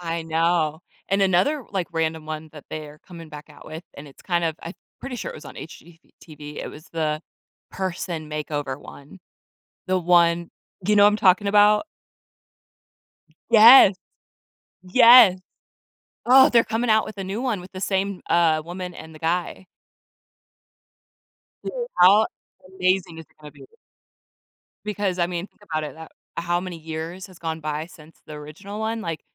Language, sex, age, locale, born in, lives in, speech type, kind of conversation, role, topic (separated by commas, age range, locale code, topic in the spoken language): English, female, 30-34, en-US, United States, United States, conversation, unstructured, none, How has trying a new hobby changed your perspective or interests?